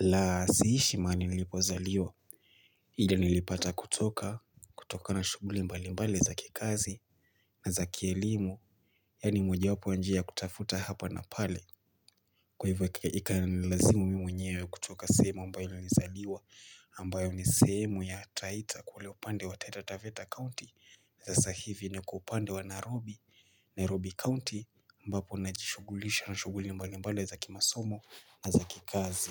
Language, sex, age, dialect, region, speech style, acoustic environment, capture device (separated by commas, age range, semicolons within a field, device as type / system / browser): Swahili, male, 25-34, Kenya - Mombasa, Kenya, happy, silence; nature, mobile / Linux / Chrome